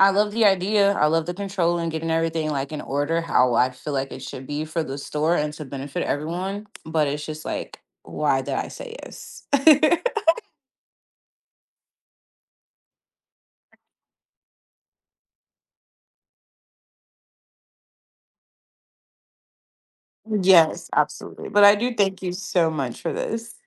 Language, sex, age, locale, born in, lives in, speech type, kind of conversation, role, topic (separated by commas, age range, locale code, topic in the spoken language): English, female, 30-34, en-US, United States, United States, conversation, advice, user, How can I position myself for a promotion at work?